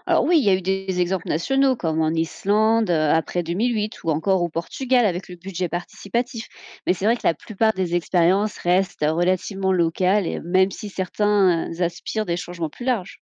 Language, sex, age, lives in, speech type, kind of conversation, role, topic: French, female, 30-34, France, read, expert-assistant, expert, La démocratie freine-t-elle vraiment le progrès face aux régimes autoritaires ?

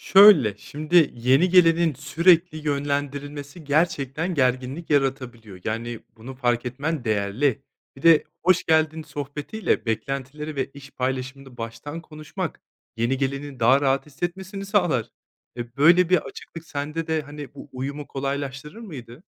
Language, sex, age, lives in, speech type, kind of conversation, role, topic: Turkish, male, 30-34, Spain, read, expert-assistant, expert, Doğada yürüyüşte grup halinde gitmek mi, tek başına gitmek mi daha iyi? Artılarını ve eksilerini nasıl değerlendirirsiniz?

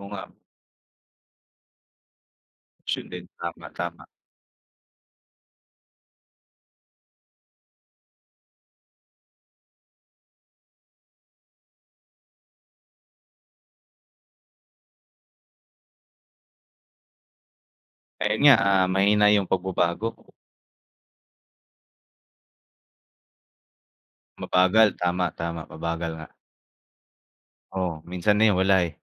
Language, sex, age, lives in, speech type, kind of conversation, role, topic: Filipino, male, 25-29, Philippines, conversation, unstructured, none, Ano ang nararamdaman mo kapag nababalitaan mong may tiwaling opisyal na yumayaman?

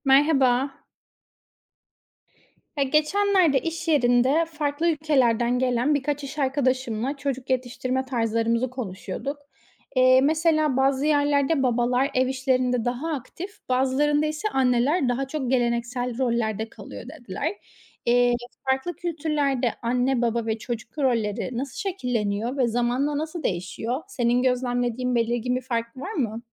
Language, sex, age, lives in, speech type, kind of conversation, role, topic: Turkish, female, 25-29, Spain, read, expert-assistant, asker, Farklı kültürlerde aile içi roller ve çocukların söz hakkı nasıl değişiyor?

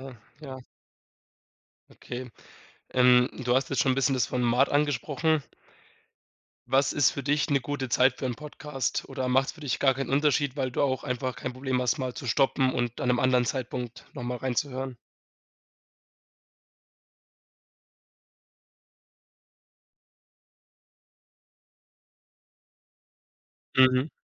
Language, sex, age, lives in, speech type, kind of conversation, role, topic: German, male, 20-24, Germany, conversation, podcast, host, Was macht deiner Meinung nach einen guten Podcast aus?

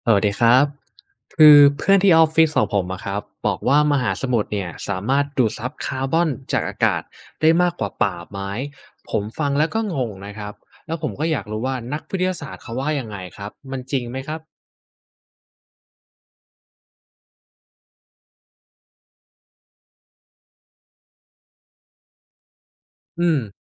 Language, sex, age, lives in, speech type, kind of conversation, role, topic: Thai, male, 20-24, Thailand, read, expert-assistant, asker, มหาสมุทรกับหญ้าทะเลช่วยดูดซับคาร์บอนในธรรมชาติได้อย่างไร?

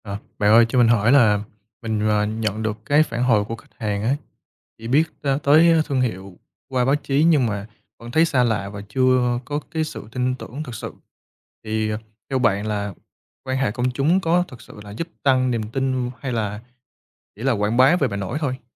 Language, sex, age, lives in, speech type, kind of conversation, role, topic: Vietnamese, male, 25-29, Vietnam, read, expert-assistant, asker, Quan hệ công chúng có giúp khách hàng tin tưởng thương hiệu hơn không, hay chỉ là chiêu trò quảng bá?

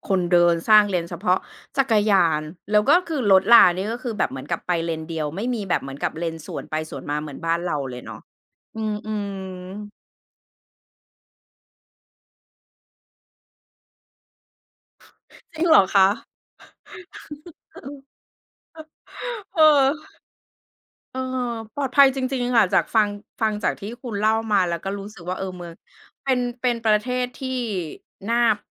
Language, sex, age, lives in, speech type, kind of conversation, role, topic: Thai, female, 40-44, Thailand, conversation, podcast, host, คุณมีประสบการณ์ท่องเที่ยวที่ประทับใจอยากเล่าให้ฟังไหม?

